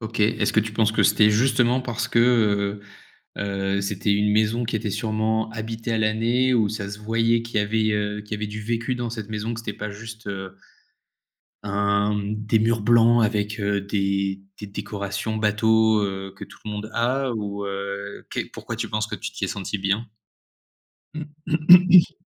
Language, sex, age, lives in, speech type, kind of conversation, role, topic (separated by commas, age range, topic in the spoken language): French, male, 30-34, France, conversation, podcast, host, Que représente pour toi l’expression « chez soi » ?